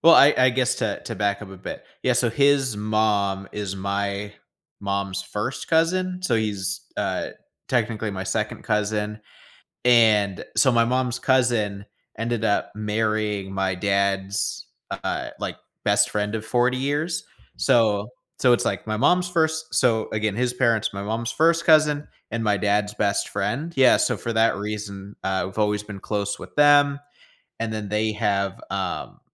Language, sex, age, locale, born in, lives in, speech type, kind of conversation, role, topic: English, male, 25-29, en-US, United States, United States, conversation, advice, user, How can I celebrate my child's growth?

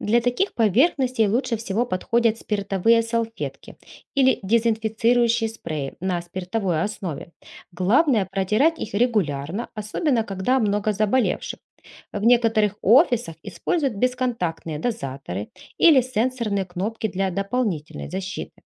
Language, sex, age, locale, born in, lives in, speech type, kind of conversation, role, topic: Russian, female, 35-39, ru-RU, Ukraine, Spain, read, expert-assistant, expert, Какие привычки помогают не только себе, но и окружающим оставаться здоровыми?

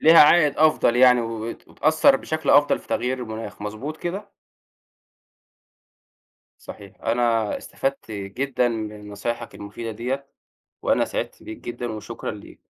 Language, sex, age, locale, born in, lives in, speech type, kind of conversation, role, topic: Arabic, male, 25-29, ar-EG, Egypt, Egypt, conversation, podcast, host, إزاي بتحسّ إن تغيّر المناخ بيأثر علينا كل يوم؟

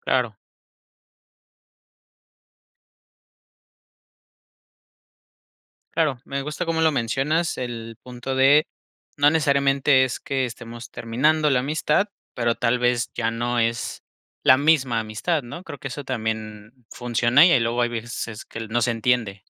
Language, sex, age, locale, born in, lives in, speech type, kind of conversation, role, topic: Spanish, male, 25-29, es-MX, Mexico, Mexico, conversation, podcast, host, ¿Qué consejos darías para hacer nuevos amigos?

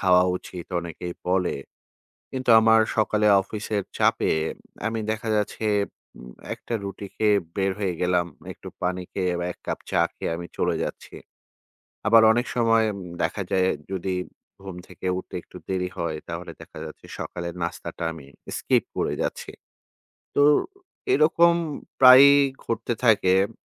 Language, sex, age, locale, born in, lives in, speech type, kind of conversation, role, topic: Bengali, male, 40-44, bn-BD, Bangladesh, Finland, conversation, advice, user, খাবার নিয়ে উদ্বেগ ও নিয়ন্ত্রণ হারানোর ভয়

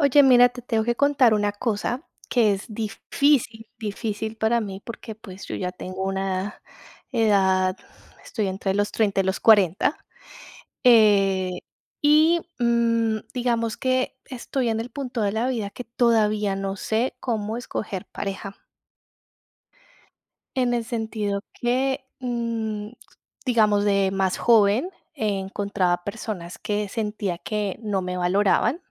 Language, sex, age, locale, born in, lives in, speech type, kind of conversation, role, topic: Spanish, female, 35-39, es-CO, Colombia, Italy, conversation, advice, user, ¿Por qué siempre elijo parejas que no me valoran?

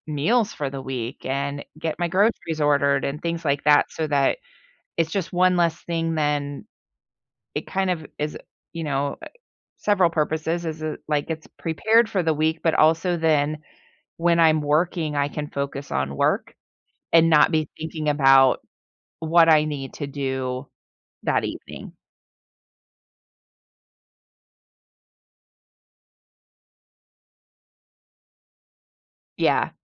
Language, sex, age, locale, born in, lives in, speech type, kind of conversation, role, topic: English, female, 45-49, en-US, United States, United States, conversation, podcast, guest, What habits or routines help you manage stress at work?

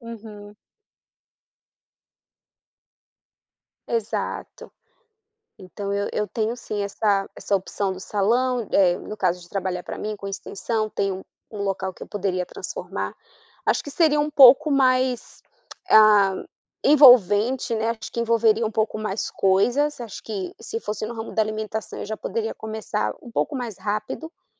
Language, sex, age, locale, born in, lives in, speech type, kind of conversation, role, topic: Portuguese, female, 30-34, pt-BR, Brazil, United States, conversation, advice, user, Como decidir entre priorizar a segurança ou buscar mais crescimento?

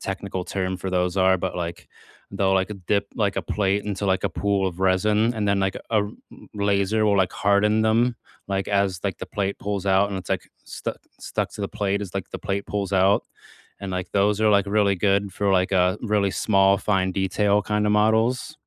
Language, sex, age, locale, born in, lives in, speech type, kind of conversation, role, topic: English, male, 30-34, en-US, United States, United States, conversation, unstructured, none, What new hobby have you started recently, and how is it going so far?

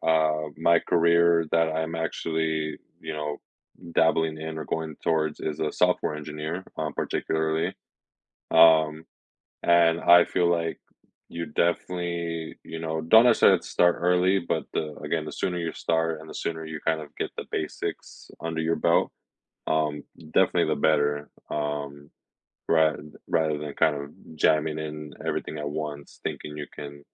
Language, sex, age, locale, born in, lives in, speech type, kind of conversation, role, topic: English, male, 25-29, en-US, United States, United States, conversation, podcast, guest, What lessons from your early career have shaped your professional journey?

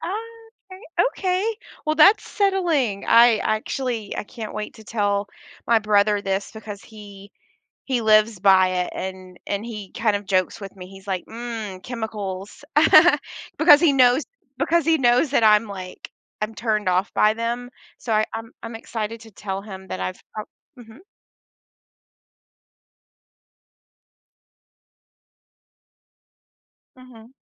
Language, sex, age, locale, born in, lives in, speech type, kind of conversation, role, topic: English, female, 35-39, en-US, United States, United States, conversation, advice, user, How can I stay on track and finish a major project at work before the deadline?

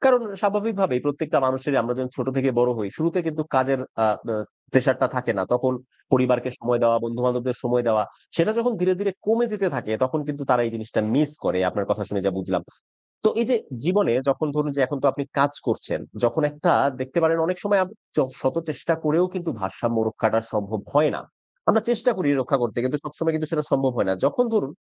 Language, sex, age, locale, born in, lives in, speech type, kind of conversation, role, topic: Bengali, male, 30-34, bn-BD, Bangladesh, Bangladesh, conversation, podcast, host, কাজ ও ব্যক্তিজীবনের ভারসাম্য বজায় রাখতে আপনার রীতি কী?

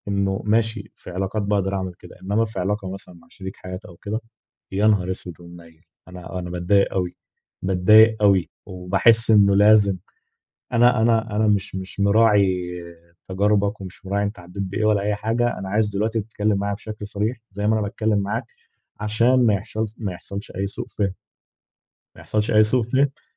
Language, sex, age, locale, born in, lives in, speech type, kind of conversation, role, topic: Arabic, male, 20-24, ar-EG, Egypt, Egypt, conversation, advice, user, إزاي أفتح حوار صريح مع صاحبي عشان نتفادى سوء الفهم ومنجرحش مشاعر بعض؟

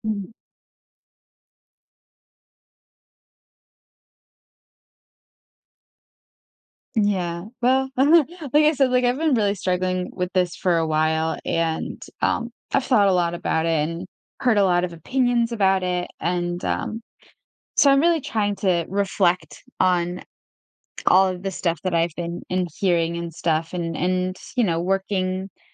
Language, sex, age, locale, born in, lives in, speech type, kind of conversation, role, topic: English, female, 25-29, en-US, United States, United States, conversation, advice, user, How can I balance self-care with my responsibilities?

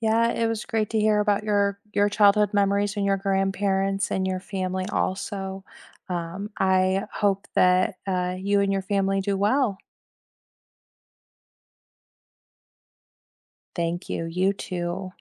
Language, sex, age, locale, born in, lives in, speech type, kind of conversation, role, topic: English, female, 40-44, en-US, United States, United States, conversation, unstructured, none, Which childhood place do you miss most, and what vivid memory still brings it back for you?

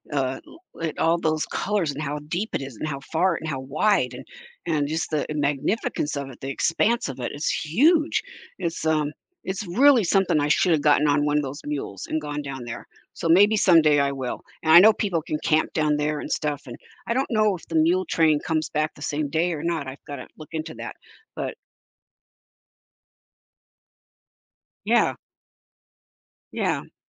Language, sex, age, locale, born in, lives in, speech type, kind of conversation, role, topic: English, female, 70-74, en-US, United States, United States, conversation, advice, user, How can I cultivate more moments of awe when I'm in nature?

